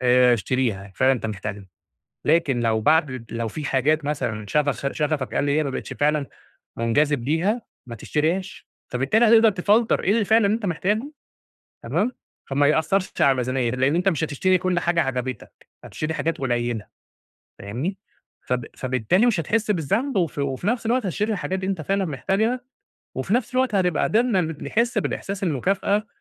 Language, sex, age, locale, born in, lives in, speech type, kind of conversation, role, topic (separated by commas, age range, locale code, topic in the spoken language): Arabic, male, 30-34, ar-EG, Egypt, Egypt, conversation, advice, advisor, إزاي أتسوّق بذكاء وأوفّر وقتي وفلوسي من غير ما أشتري حاجات مش محتاجها؟